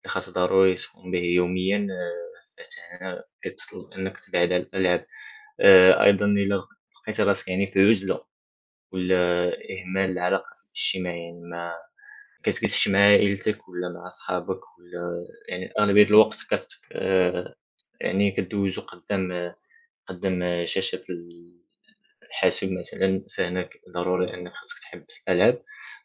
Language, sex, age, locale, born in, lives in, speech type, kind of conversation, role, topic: Arabic, male, 18-19, ar-MA, Morocco, France, conversation, unstructured, none, إيه رأيك في تأثير الألعاب الإلكترونية على الشباب؟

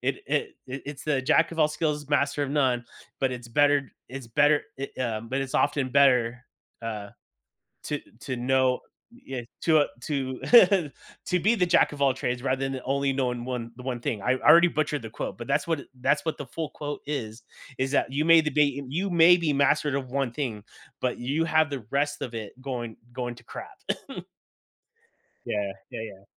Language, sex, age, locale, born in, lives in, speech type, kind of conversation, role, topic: English, male, 35-39, en-US, United States, United States, conversation, podcast, guest, How can understanding your personality help you discover fulfilling hobbies?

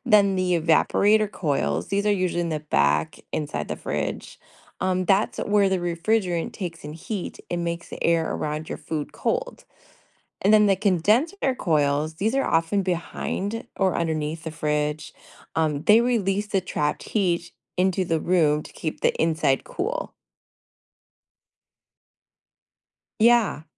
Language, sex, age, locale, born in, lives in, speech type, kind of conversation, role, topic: English, female, 40-44, en-US, United States, United States, read, expert-assistant, expert, How does a refrigerator keep food cold?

